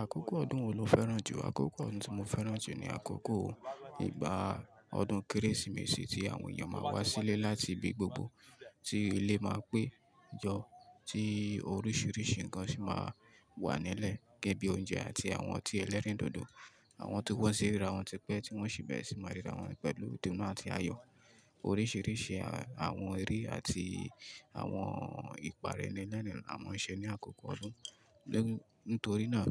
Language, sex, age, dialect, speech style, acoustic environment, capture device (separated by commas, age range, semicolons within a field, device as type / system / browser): Yoruba, male, 25-34, Nigeria - Ibadan, happy, people talking; nature, mobile / Linux / Chrome